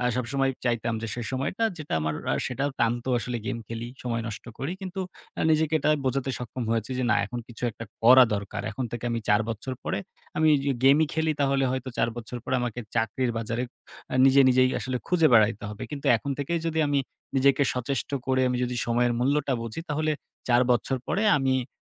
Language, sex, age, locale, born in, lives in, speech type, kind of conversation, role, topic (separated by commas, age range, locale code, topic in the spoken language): Bengali, male, 18-19, bn-BD, Bangladesh, Bangladesh, conversation, podcast, guest, আপনি জীবনের কোনো বড় ভুল থেকে কী শিখেছেন?